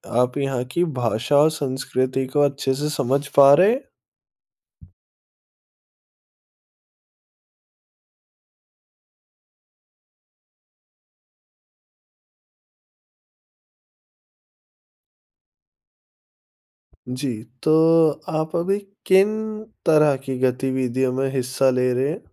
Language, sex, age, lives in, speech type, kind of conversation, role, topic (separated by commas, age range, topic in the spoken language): Hindi, male, 20-24, India, conversation, advice, advisor, नए शहर में भरोसा और दीर्घकालिक दोस्ती कैसे बनाई जाए?